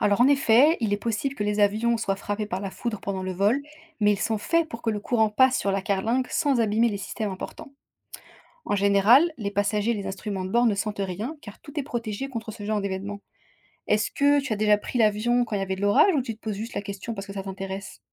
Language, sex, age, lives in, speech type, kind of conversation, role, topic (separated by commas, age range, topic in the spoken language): French, female, 25-29, France, read, expert-assistant, expert, Comment les avions peuvent-ils voler dans le ciel ?